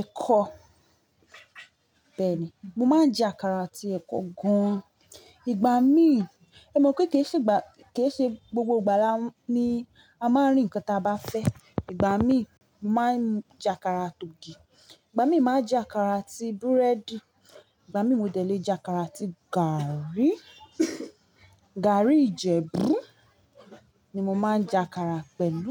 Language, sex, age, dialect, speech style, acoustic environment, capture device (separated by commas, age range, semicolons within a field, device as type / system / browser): Yoruba, female, 25-34, Nigeria - Ibadan, nervous, people talking, mobile / Linux / Chrome